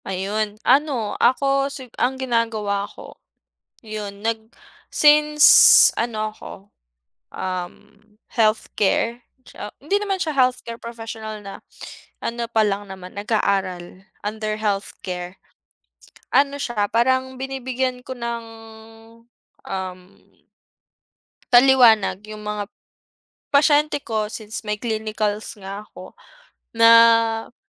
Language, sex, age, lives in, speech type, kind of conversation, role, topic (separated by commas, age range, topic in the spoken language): Filipino, female, 25-29, Philippines, conversation, unstructured, none, Paano mo hinaharap ang panghuhusga ng iba tungkol sa kalusugang pangkaisipan?